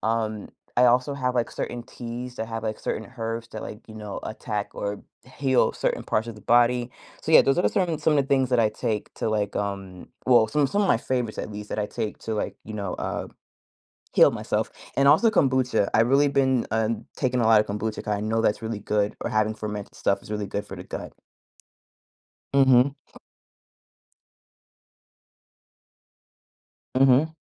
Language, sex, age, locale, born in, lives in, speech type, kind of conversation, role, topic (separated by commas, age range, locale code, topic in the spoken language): English, female, 30-34, en-US, United States, United States, conversation, podcast, guest, What habits or routines help you maintain your overall well-being?